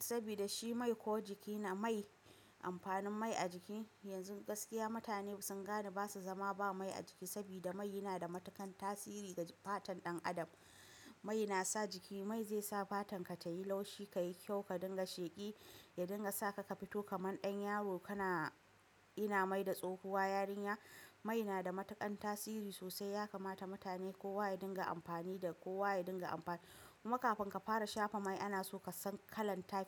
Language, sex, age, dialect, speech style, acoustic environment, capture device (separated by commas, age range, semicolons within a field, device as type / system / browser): Hausa, female, 25-34, Nigeria - Nigerian Standard, stressed; focused; tired, appliances; nature; silence, mobile / macOS / Safari